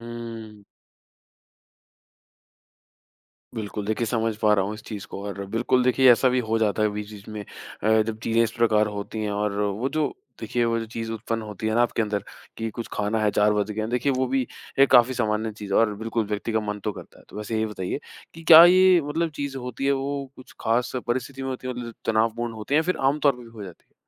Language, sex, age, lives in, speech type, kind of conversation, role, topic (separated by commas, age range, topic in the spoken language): Hindi, male, 25-29, India, conversation, advice, advisor, ऑफिस के चलते जंक फूड खाने की आदत